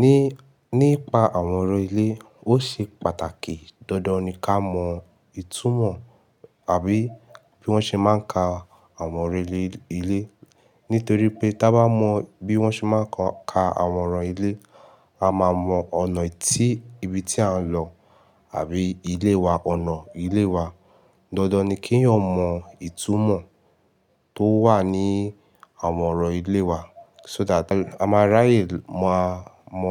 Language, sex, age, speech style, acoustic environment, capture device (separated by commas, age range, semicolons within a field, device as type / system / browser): Yoruba, male, 18-24, happy, silence, mobile / Linux / Chrome